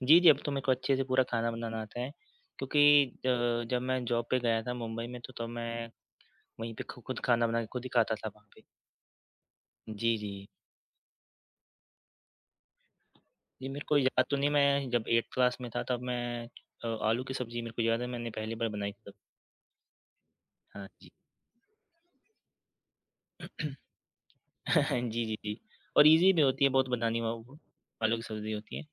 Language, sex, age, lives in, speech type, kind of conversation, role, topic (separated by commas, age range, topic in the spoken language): Hindi, male, 20-24, India, conversation, unstructured, none, आपने पहली बार खाना बनाना कब और कैसे सीखा?